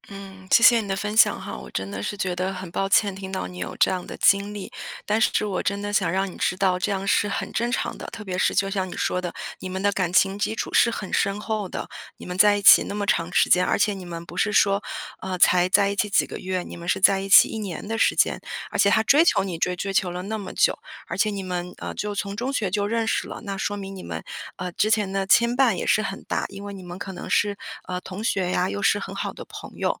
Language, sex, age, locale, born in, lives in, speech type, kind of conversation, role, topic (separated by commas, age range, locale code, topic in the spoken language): Chinese, female, 35-39, zh-CN, China, United States, conversation, advice, advisor, 我还爱着前任，但对方不愿回头，我该怎么办？